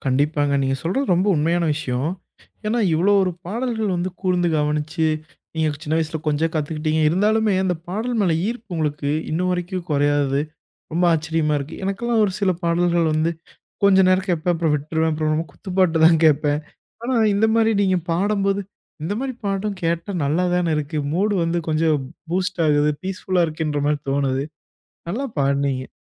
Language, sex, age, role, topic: Tamil, male, 25-29, host, குழந்தைக்கால பாடல்கள் உங்களுக்கு என்ன நினைவுகளைத் தருகின்றன?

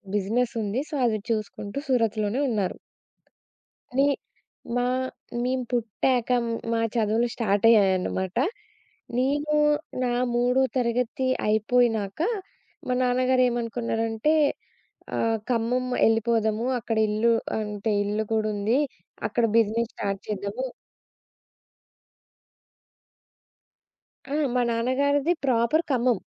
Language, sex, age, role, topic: Telugu, female, 20-24, guest, మీ కుటుంబం ఎప్పుడు, ఎక్కడి నుంచి వలస వచ్చిందో చెప్పగలరా?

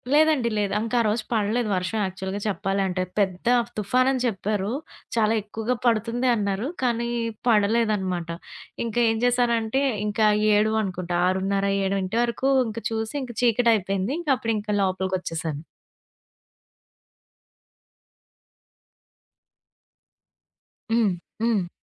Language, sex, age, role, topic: Telugu, female, 30-34, guest, వర్షాన్ని చూస్తూ మనస్సును మరింత ఏకాగ్రంగా, జాగ్రత్తగా ఉంచుకోవడం మీకు ఎలా అలవాటయ్యింది?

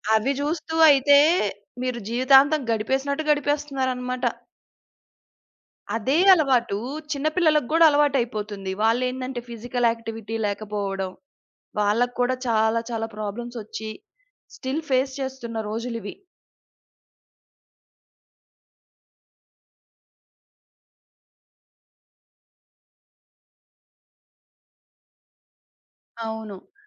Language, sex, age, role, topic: Telugu, female, 30-34, guest, రాత్రి నిద్రకి ముందు తెరను చూడటం గురించి మీ అభిప్రాయం ఏమిటి?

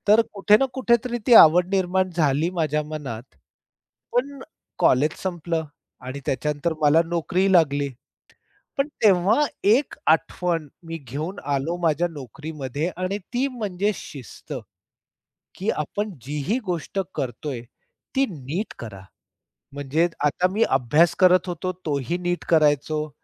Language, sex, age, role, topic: Marathi, male, 45-49, guest, चांगला मेंटर ओळखण्यासाठी कोणती तीन लक्षणे असावीत?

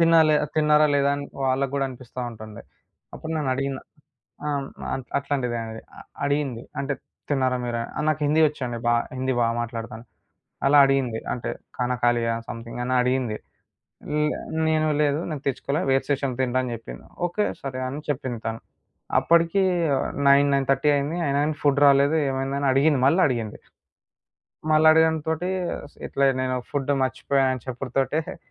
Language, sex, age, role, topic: Telugu, male, 20-24, guest, మార్కెట్‌, బస్ లేదా రైలులో అనుకోకుండా జరిగిన సంభాషణ మీ మనసులో ఇప్పటికీ మిగిలి ఉందా?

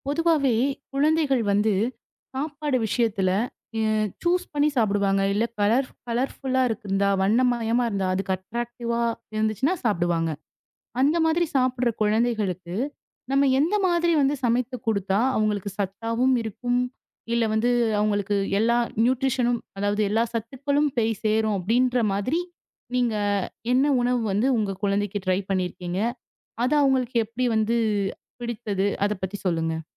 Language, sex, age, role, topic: Tamil, female, 25-29, host, குழந்தைக்கு சமைக்கும் போது உணவில் அக்கறையை எப்படிச் சேர்க்கலாம்?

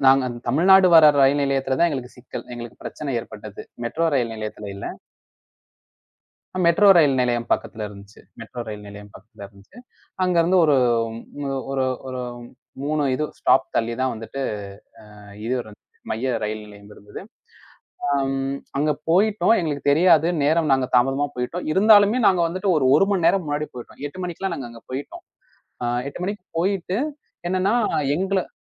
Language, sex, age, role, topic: Tamil, male, 20-24, guest, நெருக்கமான ரயில் அல்லது பேருந்துப் பயணத்தில் உங்களுக்கு நடந்த ஒரு நினைவைச் சொல்ல முடியுமா?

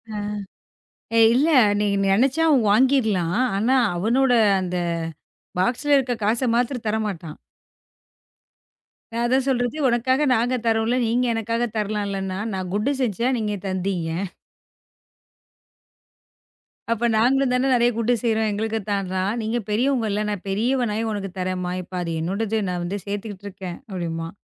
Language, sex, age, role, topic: Tamil, female, 35-39, guest, வீட்டில் நடக்கும் மாற்றங்களைப் பற்றி குழந்தைகளுடன் எப்படி பேசுவீர்கள்?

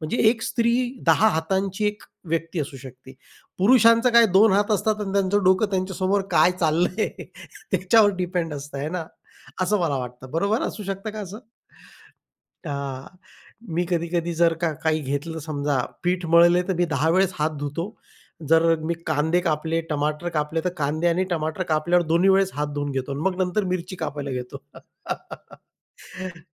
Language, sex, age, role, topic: Marathi, male, 40-44, host, घरातली मदत म्हणजेच प्रेम आहे, असं आपल्याला का वाटतं?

